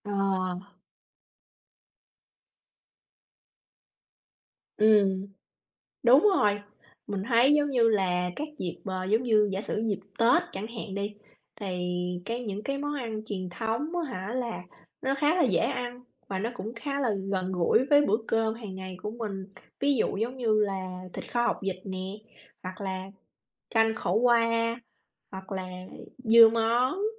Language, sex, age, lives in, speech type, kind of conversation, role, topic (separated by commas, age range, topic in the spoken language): Vietnamese, female, 35-39, United States, conversation, unstructured, none, Tại sao ẩm thực truyền thống lại có sức hút đặc biệt trong các dịp lễ?